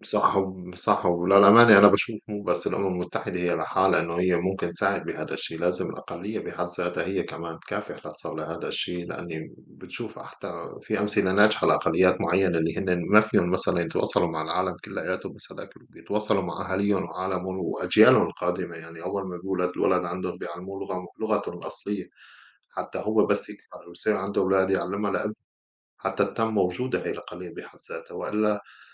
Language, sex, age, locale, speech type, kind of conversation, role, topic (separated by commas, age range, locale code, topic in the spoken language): Arabic, male, 35-39, ar-SY, conversation, unstructured, none, إيه رأيك في تأثير الاستعمار على الشعوب الأصلية؟